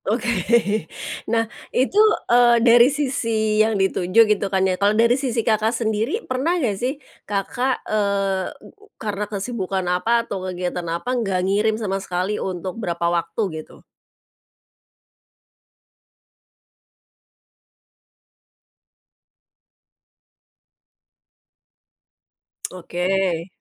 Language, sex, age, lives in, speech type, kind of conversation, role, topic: Indonesian, female, 45-49, Indonesia, conversation, podcast, host, Bagaimana menjaga hubungan jarak jauh agar tetap hangat?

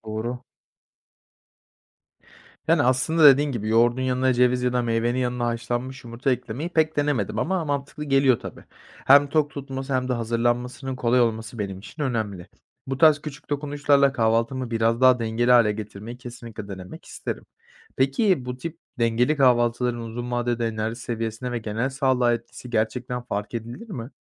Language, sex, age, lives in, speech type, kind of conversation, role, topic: Turkish, male, 20-24, Poland, read, expert-assistant, asker, Sabah kahvaltısını atlamak mı yoksa düzenli yapmak mı vücudumuz için daha iyi? Hangisinin etkileri daha olumlu?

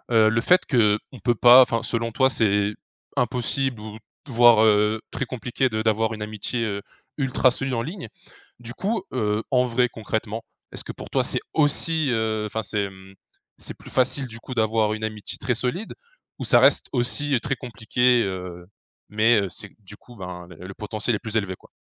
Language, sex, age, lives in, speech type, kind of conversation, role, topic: French, male, 20-24, France, conversation, podcast, host, Comment compares-tu les amitiés en ligne et les amitiés dans la vie réelle ?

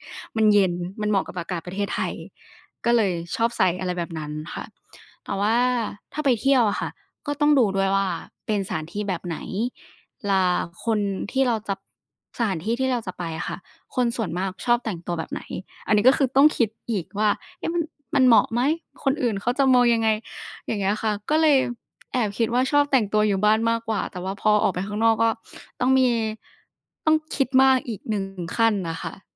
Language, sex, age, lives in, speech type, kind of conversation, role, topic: Thai, female, 20-24, Thailand, conversation, podcast, guest, การแต่งตัวสะท้อนตัวตนของคุณอย่างไร?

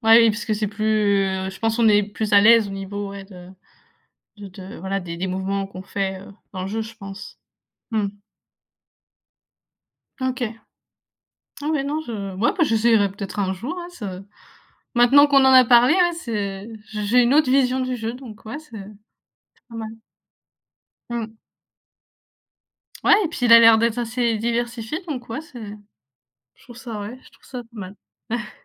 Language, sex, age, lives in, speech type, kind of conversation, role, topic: French, female, 20-24, France, conversation, unstructured, none, Quel loisir aimerais-tu essayer si tu avais plus de temps ?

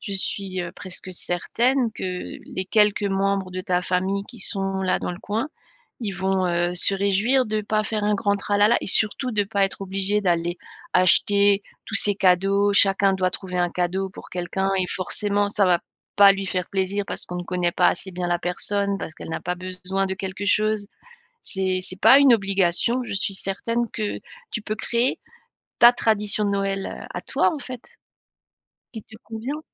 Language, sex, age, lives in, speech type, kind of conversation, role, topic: French, female, 45-49, France, conversation, advice, advisor, Comment puis-je refuser une invitation à une fête sans blesser les autres ?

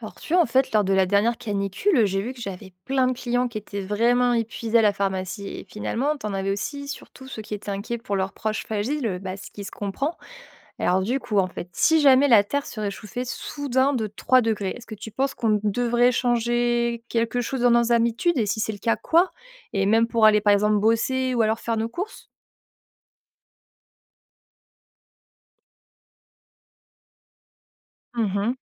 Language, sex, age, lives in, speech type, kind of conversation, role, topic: French, female, 25-29, France, read, expert-assistant, asker, Imagine que la Terre devienne soudainement plus chaude de 3°C : comment réagirions-nous dans nos vies de tous les jours ?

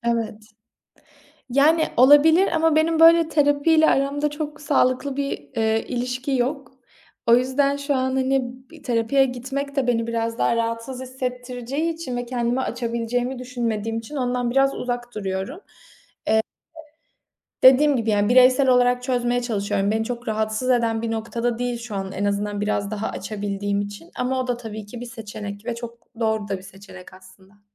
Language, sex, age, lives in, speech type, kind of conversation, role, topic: Turkish, female, 25-29, Italy, conversation, podcast, guest, Hatalarından nasıl ders çıkarırsın?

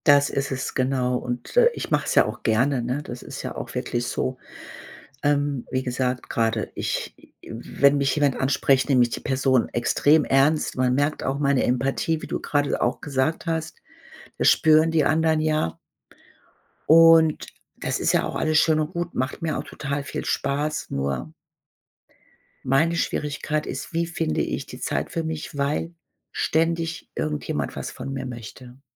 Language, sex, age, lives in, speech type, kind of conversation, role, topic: German, female, 55-59, Germany, conversation, advice, user, Wie schaffe ich es, genug Zeit für mich selbst zu finden, obwohl ich ständig verabredet und beschäftigt bin?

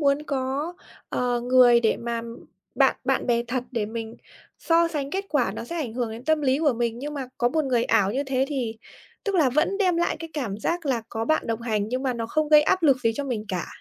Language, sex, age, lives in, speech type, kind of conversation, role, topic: Vietnamese, female, 25-29, Vietnam, conversation, podcast, guest, Làm sao bạn giữ được kiên trì khi không thấy tiến bộ?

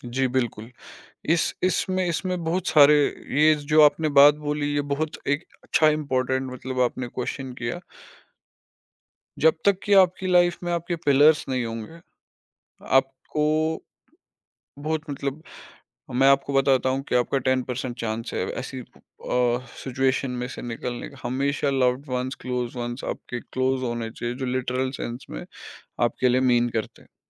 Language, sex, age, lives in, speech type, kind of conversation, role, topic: Hindi, male, 25-29, India, conversation, podcast, guest, Kab tumne apne darr ka saamna kiya?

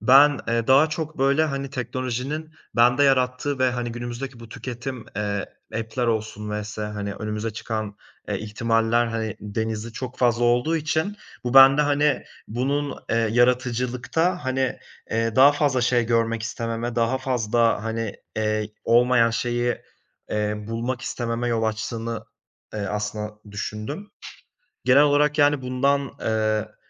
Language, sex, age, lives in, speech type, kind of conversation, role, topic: Turkish, male, 25-29, Italy, conversation, podcast, guest, Yaratıcı tıkanıklığını aşmak için neler yaparsın, hangi ipuçlarını önerirsin?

